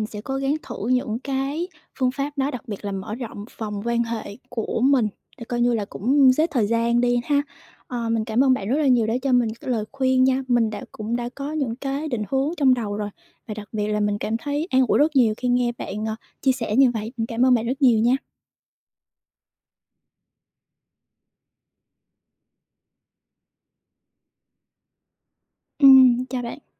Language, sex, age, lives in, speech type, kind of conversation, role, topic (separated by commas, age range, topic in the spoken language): Vietnamese, female, 25-29, Vietnam, conversation, advice, user, Sau khi chia tay người yêu, bạn cần điều chỉnh cuộc sống hằng ngày như thế nào?